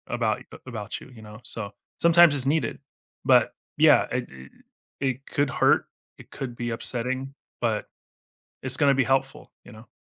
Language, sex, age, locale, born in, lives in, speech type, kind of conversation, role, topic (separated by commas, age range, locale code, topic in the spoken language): English, male, 40-44, en-US, United States, United States, conversation, podcast, guest, How does being honest shape your relationships and daily choices?